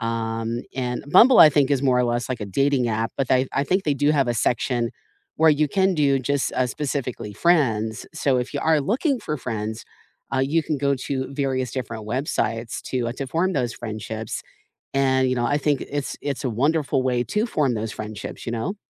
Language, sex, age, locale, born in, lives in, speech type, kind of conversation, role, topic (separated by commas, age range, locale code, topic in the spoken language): English, female, 45-49, en-US, United States, United States, conversation, unstructured, none, What is a good way to make new friends as an adult?